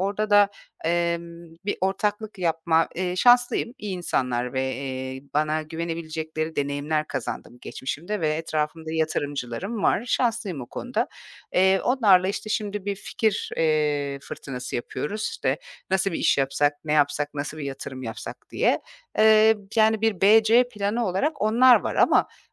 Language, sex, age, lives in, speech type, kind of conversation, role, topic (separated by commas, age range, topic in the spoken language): Turkish, female, 40-44, Portugal, conversation, advice, user, Tasarruf yaparken kendimi neden sürekli mahrum hissediyorum?